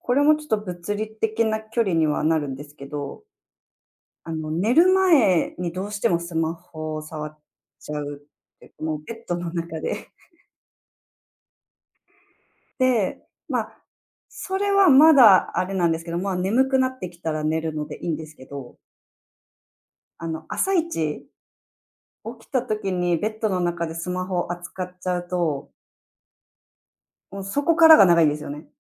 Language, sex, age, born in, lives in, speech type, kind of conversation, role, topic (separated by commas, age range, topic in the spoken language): Japanese, female, 30-34, Japan, Japan, conversation, podcast, guest, 最近、スマホの使い方で気をつけていることはありますか？